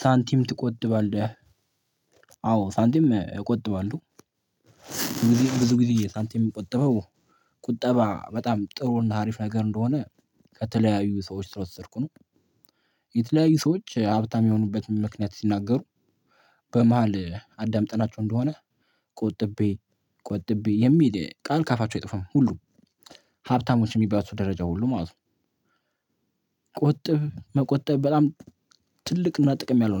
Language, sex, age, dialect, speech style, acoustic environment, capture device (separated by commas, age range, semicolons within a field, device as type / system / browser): Amharic, male, 18-24, Ethiopia - Addis Ababa, focused, silence, mobile / Linux / Chrome